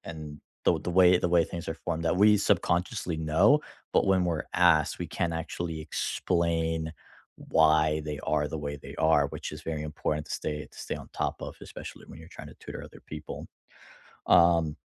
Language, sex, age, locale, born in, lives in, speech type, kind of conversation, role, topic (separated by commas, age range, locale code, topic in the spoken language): English, male, 25-29, en-US, United States, United States, conversation, unstructured, none, How do you stay curious and keep learning outside of work?